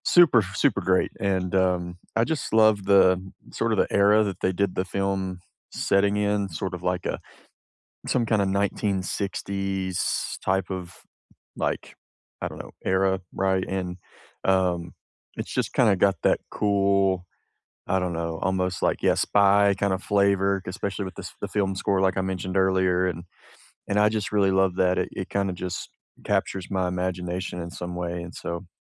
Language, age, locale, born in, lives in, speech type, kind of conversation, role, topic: English, 30-34, en-US, United States, United States, conversation, unstructured, none, Which show or movie do you rewatch to lift your mood, and what memories does it bring back?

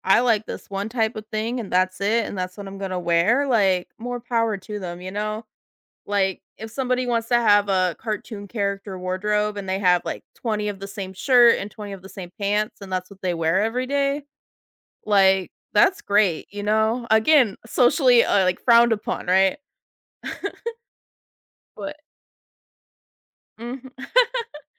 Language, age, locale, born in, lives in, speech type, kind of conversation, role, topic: English, 30-34, en-US, United States, United States, conversation, podcast, guest, What helps people discover and express their personal style?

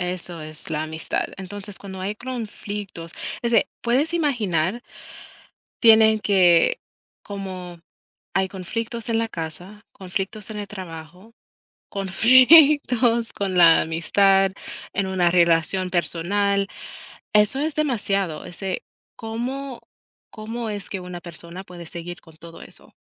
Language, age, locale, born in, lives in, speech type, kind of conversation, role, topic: Spanish, 35-39, es-US, United States, United States, conversation, unstructured, none, ¿Vale la pena mantener una amistad que solo genera conflictos?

